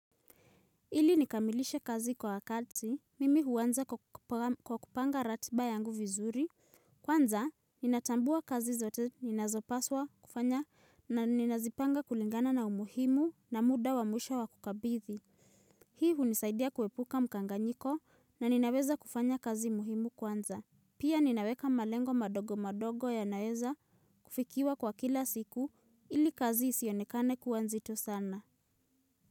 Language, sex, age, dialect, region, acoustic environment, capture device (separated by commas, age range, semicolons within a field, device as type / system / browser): Swahili, female, 18-24, Kenya - Nairobi Sheng-influenced, Kenya, silence, mobile / Linux / Chrome